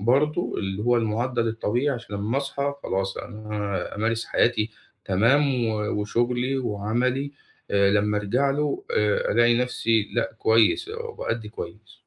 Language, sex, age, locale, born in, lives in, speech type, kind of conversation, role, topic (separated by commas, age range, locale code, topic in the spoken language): Arabic, male, 25-29, ar-EG, Egypt, Egypt, conversation, advice, advisor, إزاي أستغل إجازة قصيرة بشكل فعّال عشان أحس إني اتجدّدت؟